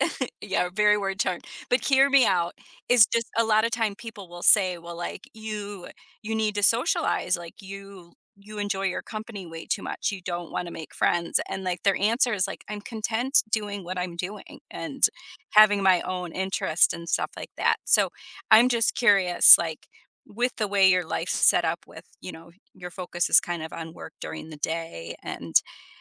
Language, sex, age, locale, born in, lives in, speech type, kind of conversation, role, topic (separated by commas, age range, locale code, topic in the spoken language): English, female, 45-49, en-US, United States, United States, conversation, advice, advisor, How can I build a daily routine that helps me stay productive and focused?